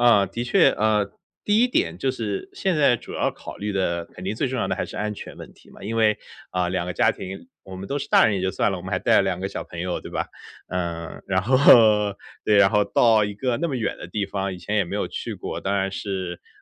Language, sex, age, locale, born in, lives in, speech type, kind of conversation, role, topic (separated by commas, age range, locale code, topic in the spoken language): Chinese, male, 30-34, zh-CN, China, United States, conversation, advice, user, 出行时总感到焦虑和不确定感，我该怎么办？